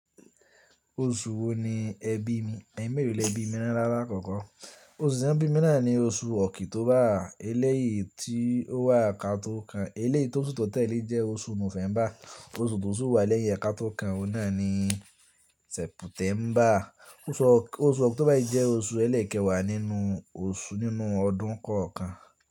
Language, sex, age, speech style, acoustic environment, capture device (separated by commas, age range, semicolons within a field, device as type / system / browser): Yoruba, male, 18-24, relaxed; stressed, people talking, mobile / Linux / Chrome